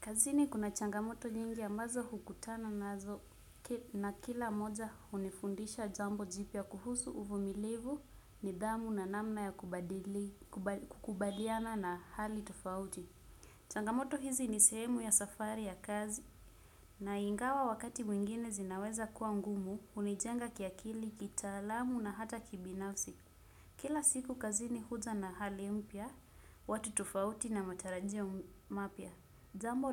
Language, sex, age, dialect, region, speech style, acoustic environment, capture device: Swahili, female, 25-34, Kenya - Mombasa, Kenya, relaxed; stressed, silence, desktop / Windows / Chrome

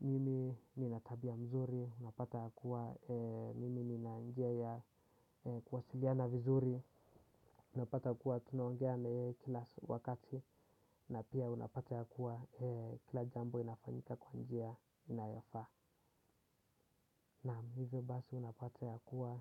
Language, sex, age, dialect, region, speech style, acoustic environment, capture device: Swahili, male, 18-24, Kenya - Nairobi Sheng-influenced, Kenya, relaxed; focused, silence, desktop / Windows / Chrome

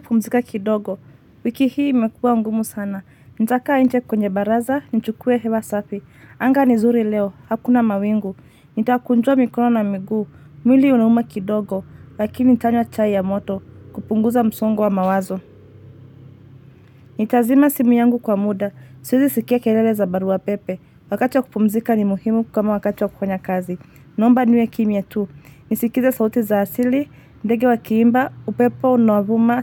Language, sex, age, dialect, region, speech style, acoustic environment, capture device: Swahili, female, 25-34, Kenya - Nairobi Sheng-influenced, Kenya, excited, traffic, mobile / Linux / Chrome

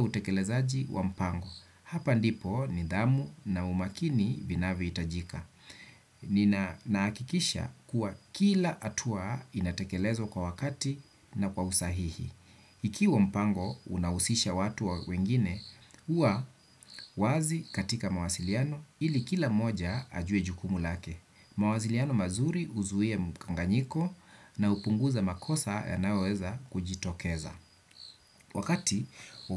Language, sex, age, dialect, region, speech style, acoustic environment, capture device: Swahili, male, 35-44, Kenya - Nairobi Sheng-influenced, Kenya, relaxed; focused, nature, desktop / Windows / Chrome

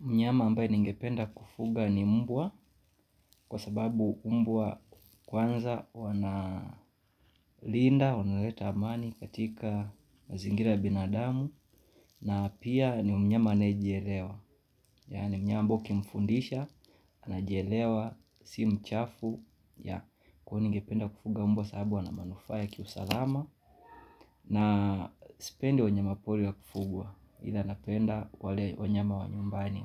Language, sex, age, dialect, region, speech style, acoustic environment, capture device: Swahili, male, 25-34, Kenya - Mombasa, Kenya, relaxed, people talking, mobile / Linux / Chrome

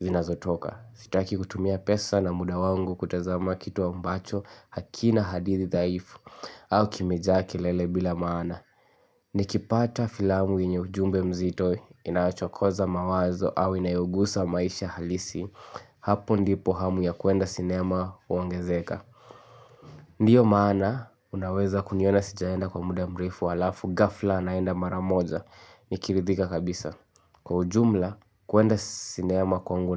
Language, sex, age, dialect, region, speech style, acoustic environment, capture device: Swahili, male, 18-24, Kenya - Nairobi Sheng-influenced, Kenya, focused, nature, mobile / Linux / Chrome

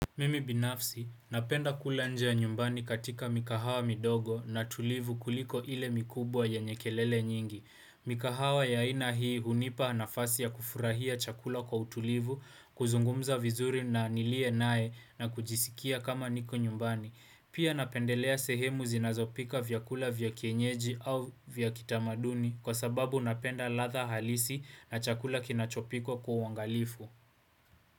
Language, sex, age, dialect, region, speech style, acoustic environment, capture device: Swahili, male, 18-24, Kenya - Nairobi Sheng-influenced, Kenya, focused, appliances, desktop / Windows / Chrome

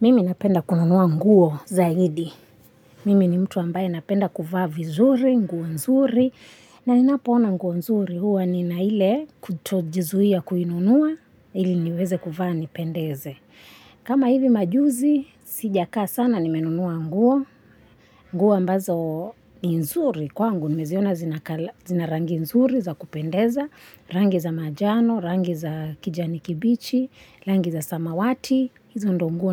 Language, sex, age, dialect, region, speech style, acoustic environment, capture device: Swahili, female, 25-34, Kenya - Mombasa, Kenya, relaxed, nature, mobile / Linux / Chrome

